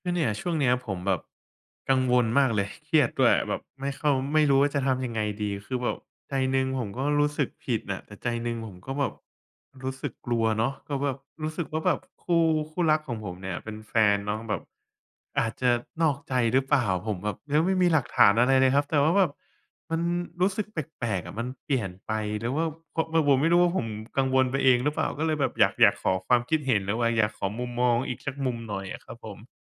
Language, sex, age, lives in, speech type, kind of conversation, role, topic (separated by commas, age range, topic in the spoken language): Thai, male, 25-29, Thailand, conversation, advice, user, ควรทำอย่างไรเมื่อสงสัยว่าคู่ครองกำลังนอกใจ แต่ยังไม่มีหลักฐาน?